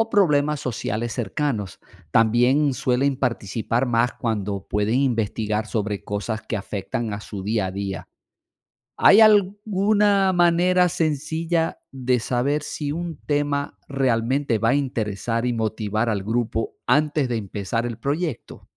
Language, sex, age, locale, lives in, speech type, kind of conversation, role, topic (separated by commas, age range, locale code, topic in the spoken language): Spanish, male, 55-59, es-VE, Portugal, read, expert-assistant, asker, ¿El aprendizaje basado en proyectos motiva a los alumnos a investigar más?